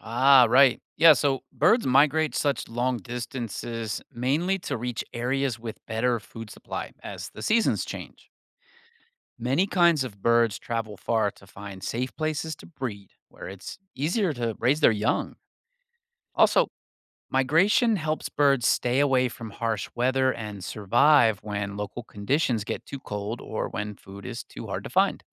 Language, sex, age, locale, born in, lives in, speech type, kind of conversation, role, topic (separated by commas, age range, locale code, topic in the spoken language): English, male, 45-49, en-US, United States, United States, read, expert-assistant, expert, Why do birds migrate long distances?